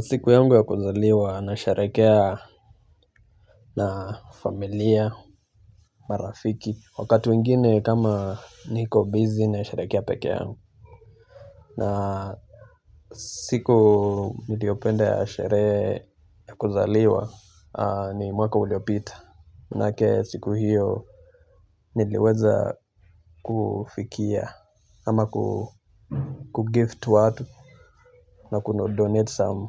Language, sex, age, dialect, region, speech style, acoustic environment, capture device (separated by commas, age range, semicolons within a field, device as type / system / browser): Swahili, male, 25-34, Kenya - Nairobi Sheng-influenced, Kenya, relaxed, people talking, mobile / Linux / Chrome